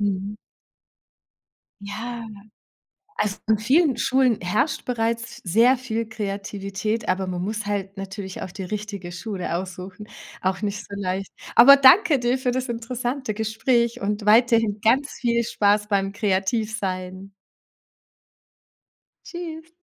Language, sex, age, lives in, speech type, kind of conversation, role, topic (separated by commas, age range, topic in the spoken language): German, female, 40-44, Germany, conversation, podcast, host, Wie bist du eigentlich zum kreativen Arbeiten gekommen?